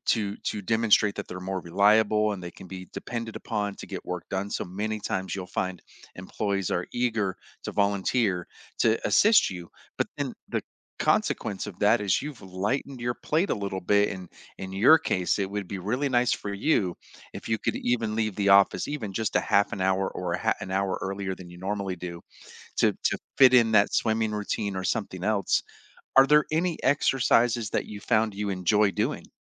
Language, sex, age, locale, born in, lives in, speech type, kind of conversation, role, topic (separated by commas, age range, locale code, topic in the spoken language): English, male, 45-49, en-US, United States, United States, conversation, advice, advisor, How do I fit short workouts into a busy school day?